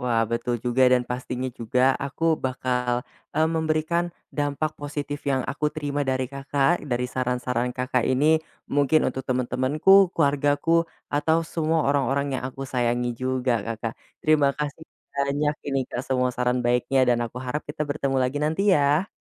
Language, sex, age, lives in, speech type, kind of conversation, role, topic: Indonesian, male, 20-24, Indonesia, conversation, advice, user, Bagaimana saya mengatasi rasa takut ditolak saat menjalani hubungan baru setelah patah hati?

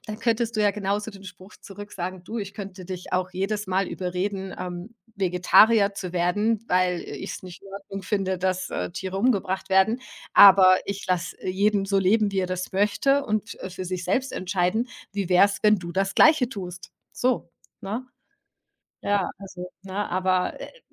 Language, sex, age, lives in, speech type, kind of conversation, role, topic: German, female, 40-44, Germany, conversation, advice, advisor, Wie kann ich damit umgehen, dass die schwierige Beziehung zu meiner Schwiegermutter unsere Partnerschaft belastet?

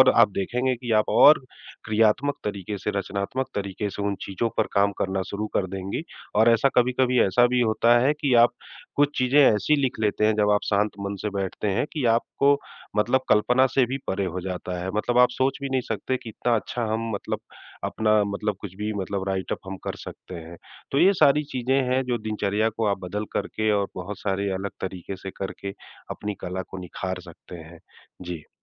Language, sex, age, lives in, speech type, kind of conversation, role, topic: Hindi, male, 40-44, India, conversation, advice, advisor, नए प्रोजेक्ट के लिए नए विचार क्यों नहीं आ रहे?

